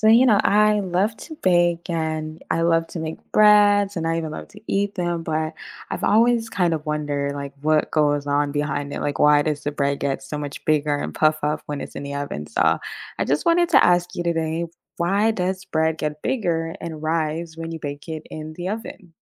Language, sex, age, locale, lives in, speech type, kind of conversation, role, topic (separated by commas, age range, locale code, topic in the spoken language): English, female, 25-29, en-US, United States, read, expert-assistant, asker, Why does bread rise when baked?